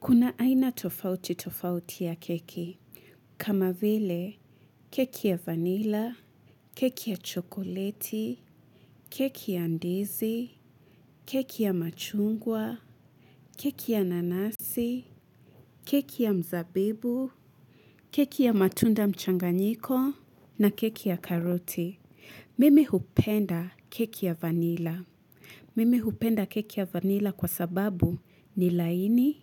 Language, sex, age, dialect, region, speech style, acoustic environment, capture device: Swahili, female, 25-34, Kenya - Mombasa, Kenya, relaxed, nature, mobile / Linux / Chrome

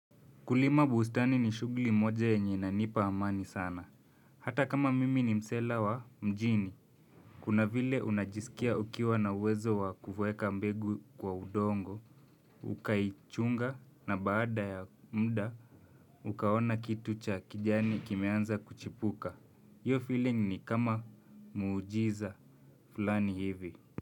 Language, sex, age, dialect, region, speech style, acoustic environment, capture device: Swahili, male, 25-34, Kenya - Nairobi Sheng-influenced, Kenya, relaxed, nature; construction, mobile / Linux / Chrome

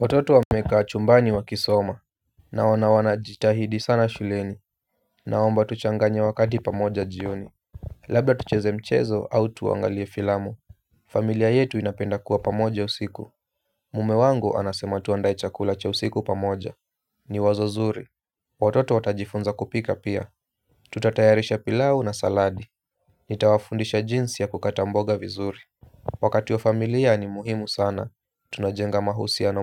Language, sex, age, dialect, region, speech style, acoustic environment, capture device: Swahili, male, 25-34, Kenya - Nairobi Sheng-influenced, Kenya, relaxed; focused, silence; appliances, mobile / Linux / Chrome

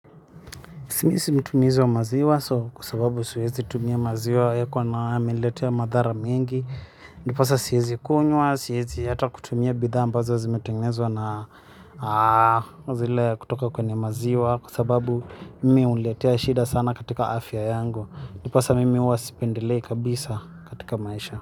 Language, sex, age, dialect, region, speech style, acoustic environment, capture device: Swahili, male, 25-34, Kenya - Nairobi Sheng-influenced, Kenya, happy, silence, mobile / Linux / Chrome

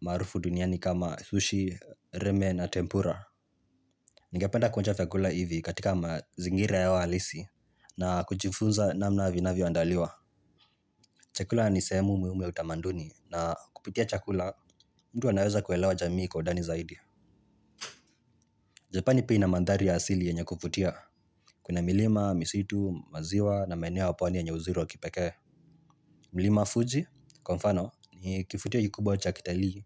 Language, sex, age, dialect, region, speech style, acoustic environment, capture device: Swahili, male, 18-24, Kenya - Nairobi Sheng-influenced, Kenya, relaxed, nature, mobile / Linux / Chrome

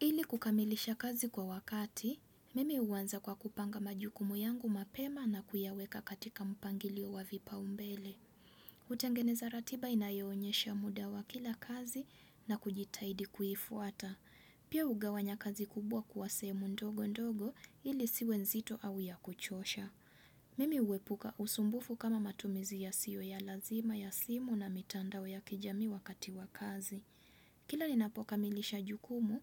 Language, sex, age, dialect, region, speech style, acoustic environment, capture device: Swahili, female, 25-34, Kenya - Mombasa, Kenya, relaxed, silence; nature, desktop / Windows / Chrome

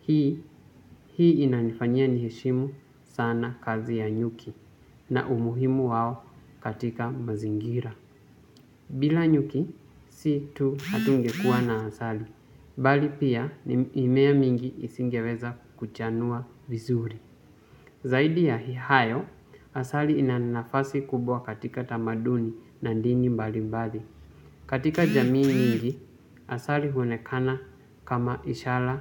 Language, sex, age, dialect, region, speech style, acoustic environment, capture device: Swahili, male, 18-24, Kenya - Mombasa, Kenya, focused, silence, mobile / Linux / Chrome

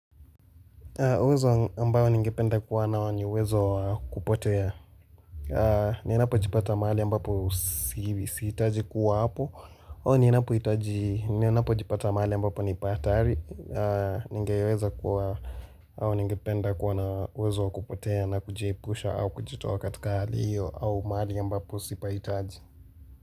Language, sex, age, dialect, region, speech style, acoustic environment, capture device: Swahili, male, 18-24, Kenya - Nairobi Sheng-influenced, Kenya, focused, nature, mobile / Linux / Chrome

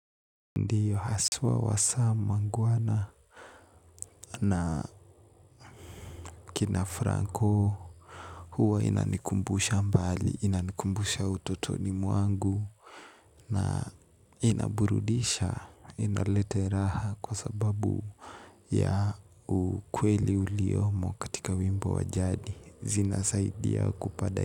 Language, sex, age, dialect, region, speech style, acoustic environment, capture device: Swahili, male, 18-24, Kenya - Nairobi Sheng-influenced, Kenya, happy, music, mobile / Linux / Chrome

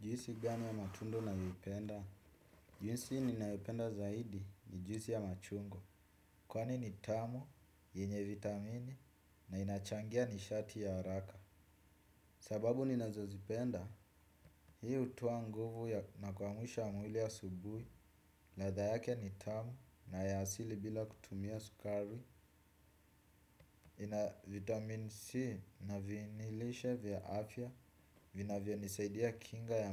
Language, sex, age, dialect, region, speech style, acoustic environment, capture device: Swahili, male, 25-34, Kenya - Nairobi Sheng-influenced, Kenya, happy, music, desktop / Windows / Chrome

